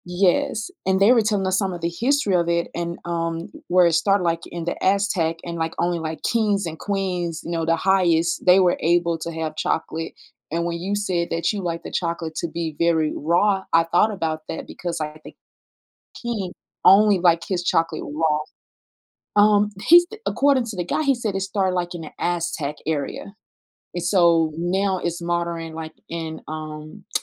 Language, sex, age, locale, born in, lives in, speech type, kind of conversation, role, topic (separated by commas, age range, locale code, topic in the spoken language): English, female, 35-39, en-US, United States, United States, conversation, unstructured, none, Would you rather live in a world without chocolate or in a world without coffee?